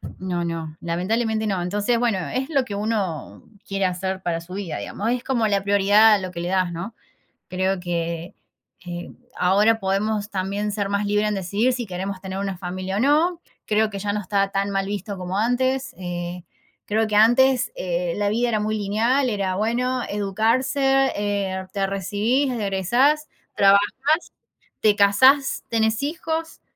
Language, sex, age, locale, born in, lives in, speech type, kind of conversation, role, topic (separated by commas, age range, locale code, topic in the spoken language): Spanish, female, 30-34, es-AR, Argentina, Netherlands, conversation, unstructured, none, ¿Qué te gustaría lograr antes de cumplir 30 años?